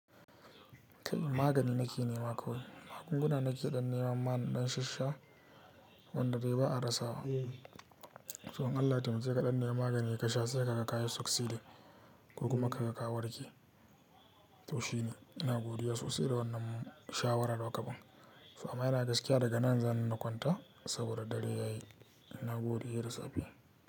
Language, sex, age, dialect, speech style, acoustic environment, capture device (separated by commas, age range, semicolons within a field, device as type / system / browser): Hausa, male, 18-24, Nigeria - Katsina, happy, silence, mobile / Linux / Chrome